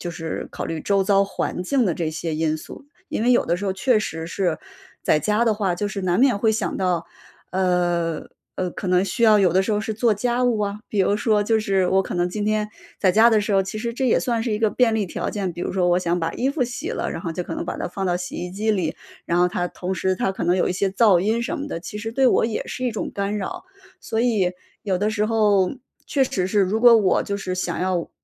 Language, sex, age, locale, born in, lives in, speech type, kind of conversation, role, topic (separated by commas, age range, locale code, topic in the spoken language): Chinese, female, 35-39, zh-CN, China, United States, conversation, advice, user, 学习时如何应对注意力中断和分心？